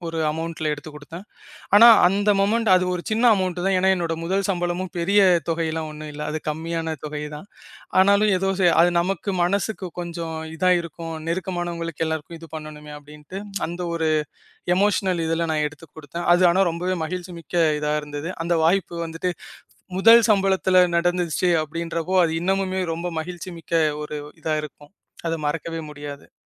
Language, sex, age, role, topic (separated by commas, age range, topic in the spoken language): Tamil, male, 25-29, guest, ஒரு திடீர் வாய்ப்பு உங்கள் வாழ்க்கைப் பாதையை மாற்றியதா, அது எப்படி நடந்தது?